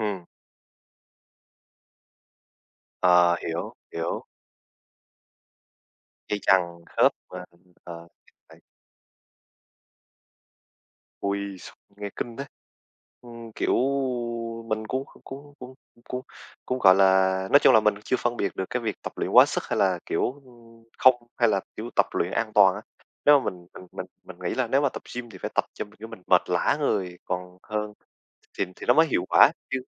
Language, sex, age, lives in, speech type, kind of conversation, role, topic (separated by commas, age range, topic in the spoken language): Vietnamese, male, 20-24, Vietnam, conversation, unstructured, none, Bạn có lo lắng về tác hại của việc tập luyện quá sức không?